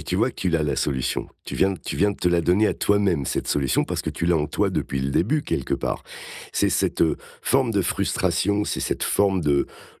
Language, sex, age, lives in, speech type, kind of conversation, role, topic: French, male, 55-59, France, conversation, advice, advisor, Comment maintenir mes habitudes malgré les imprévus ?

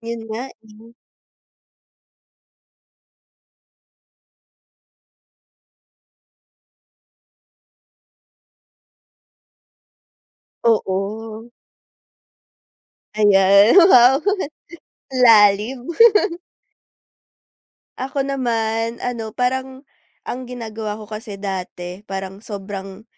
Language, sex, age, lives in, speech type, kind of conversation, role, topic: Filipino, female, 20-24, Philippines, conversation, unstructured, none, Ano ang mga maliliit na bagay na nagpapasaya sa’yo araw-araw?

